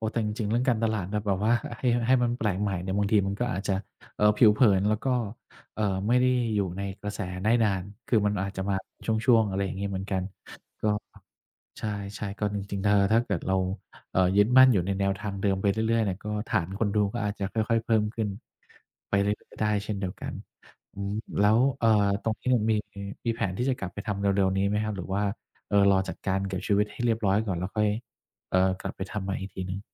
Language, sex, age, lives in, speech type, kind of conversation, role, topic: Thai, male, 50-54, Thailand, conversation, podcast, host, คุณใช้สื่อสังคมออนไลน์เพื่อแสดงความเป็นตัวตนของคุณอย่างไร?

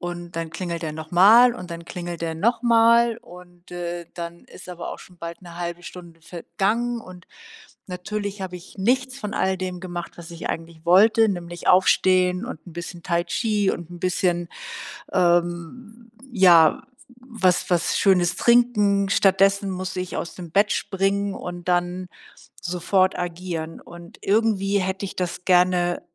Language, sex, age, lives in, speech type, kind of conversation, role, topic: German, female, 60-64, Germany, conversation, advice, user, Wie schaffe ich es, morgens regelmäßig pünktlich aufzustehen, ohne dass das Schlummern zur Gewohnheit wird?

